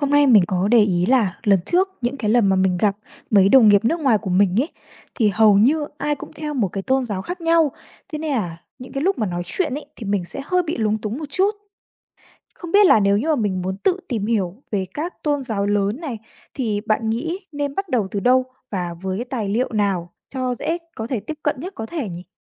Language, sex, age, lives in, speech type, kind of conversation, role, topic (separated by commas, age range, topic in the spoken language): Vietnamese, female, 20-24, Vietnam, read, expert-assistant, asker, Làm sao tự tìm hiểu kiến thức cơ bản và nghi lễ của các tôn giáo lớn?